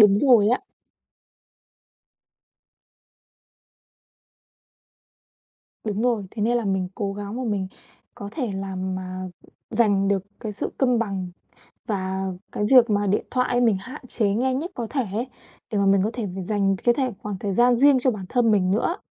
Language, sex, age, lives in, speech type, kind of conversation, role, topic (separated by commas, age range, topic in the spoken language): Vietnamese, female, 25-29, Vietnam, conversation, podcast, guest, Bạn xử lý điện thoại công việc ngoài giờ như thế nào?